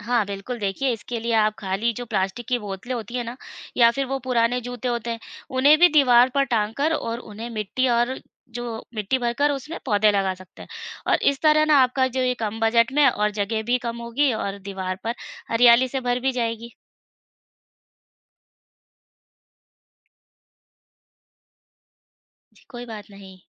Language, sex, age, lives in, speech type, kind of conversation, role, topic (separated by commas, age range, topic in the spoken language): Hindi, female, 35-39, India, read, expert-assistant, expert, शहरों में हरियाली बचाने में समय और जगह की दिक्कतें कैसे दूर की जा सकती हैं?